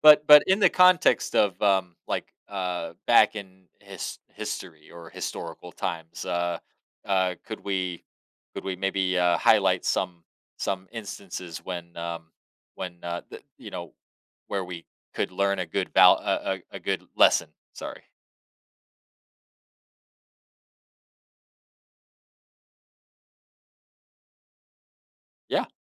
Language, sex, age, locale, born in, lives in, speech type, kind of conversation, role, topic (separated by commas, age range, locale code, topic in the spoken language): English, male, 30-34, en-US, United States, United States, conversation, podcast, host, How can understanding past mistakes help us make better choices in the present?